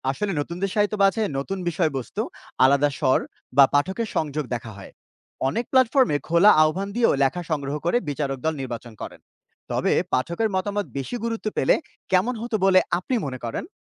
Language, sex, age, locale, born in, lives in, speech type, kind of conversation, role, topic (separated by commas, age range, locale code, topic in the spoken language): Bengali, male, 30-34, bn-BD, Bangladesh, Bangladesh, read, expert-assistant, expert, আজকের সাহিত্যকে আরও বেশি মানুষের কাছে পৌঁছানোর জন্য কী কী উপায় নেওয়া যেতে পারে?